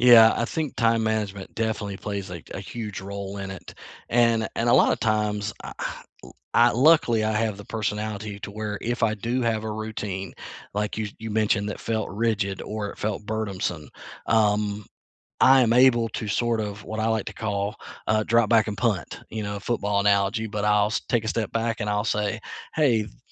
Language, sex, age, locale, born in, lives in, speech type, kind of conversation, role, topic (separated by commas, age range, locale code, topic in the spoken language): English, male, 40-44, en-US, United States, United States, conversation, unstructured, none, What little daily routines make your life feel manageable?